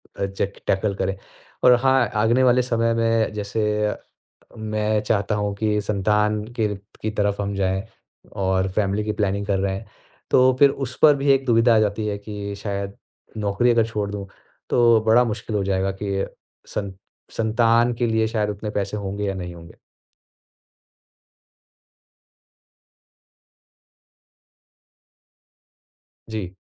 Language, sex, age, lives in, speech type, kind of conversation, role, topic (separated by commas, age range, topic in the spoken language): Hindi, male, 35-39, India, conversation, advice, user, क्या मुझे नौकरी छोड़कर स्टार्टअप पर पूर्णकालिक काम शुरू करने का फैसला करना चाहिए?